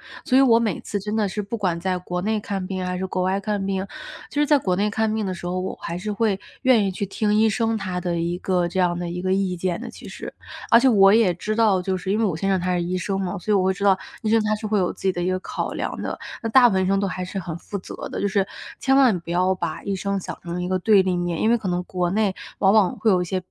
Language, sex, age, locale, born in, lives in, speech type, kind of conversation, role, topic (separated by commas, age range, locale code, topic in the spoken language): Chinese, female, 25-29, zh-CN, China, United States, conversation, podcast, guest, 当医生的意见与你的感觉相冲突时，你会怎么办？